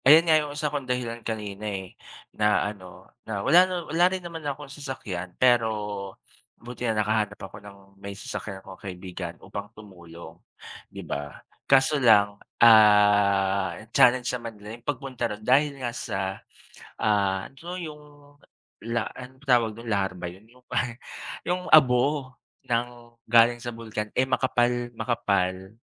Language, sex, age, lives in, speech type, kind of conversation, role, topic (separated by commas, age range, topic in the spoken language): Filipino, male, 40-44, Philippines, conversation, unstructured, none, Ano ang palagay mo tungkol sa pagtulong sa mga nasalanta ng kalamidad?